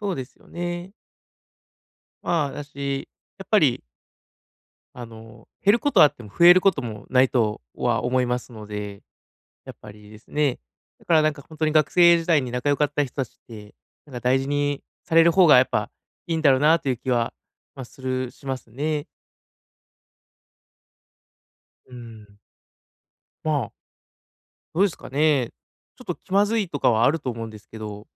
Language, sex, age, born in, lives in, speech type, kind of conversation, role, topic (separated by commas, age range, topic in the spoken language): Japanese, male, 30-34, Japan, Japan, conversation, advice, advisor, 友情が変わって寂しいとき、どう受け入れたらいいですか？